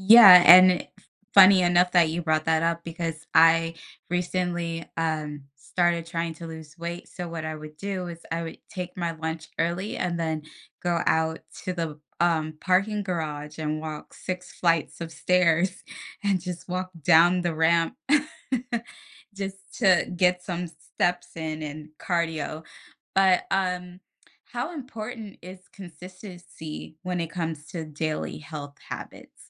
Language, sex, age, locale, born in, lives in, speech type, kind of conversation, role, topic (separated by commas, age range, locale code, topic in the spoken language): English, female, 30-34, en-US, United States, United States, conversation, podcast, host, What are some practical ways to build healthy routines into everyday life?